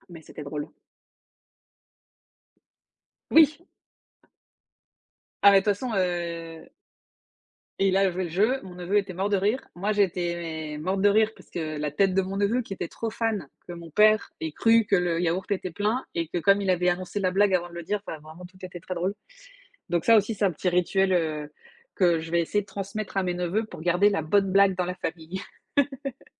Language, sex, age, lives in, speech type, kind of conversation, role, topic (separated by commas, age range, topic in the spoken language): French, female, 35-39, France, conversation, unstructured, none, Quelle est ta façon préférée de passer du temps en famille ?